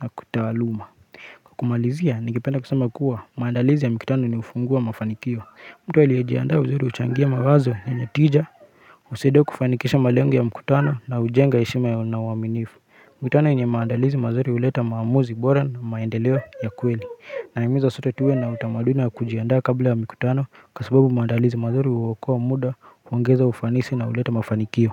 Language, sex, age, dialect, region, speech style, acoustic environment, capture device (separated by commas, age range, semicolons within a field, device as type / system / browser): Swahili, male, 25-34, Kenya - Nairobi Sheng-influenced, Kenya, relaxed, silence, mobile / Linux / Chrome